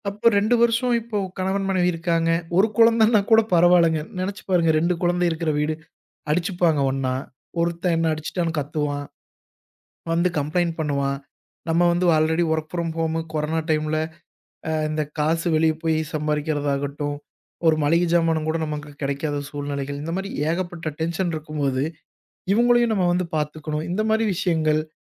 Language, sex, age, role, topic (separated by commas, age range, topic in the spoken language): Tamil, male, 25-29, guest, ஸ்க்ரீன் நேரத்தை குறைக்க நீங்கள் என்ன செய்கிறீர்கள்?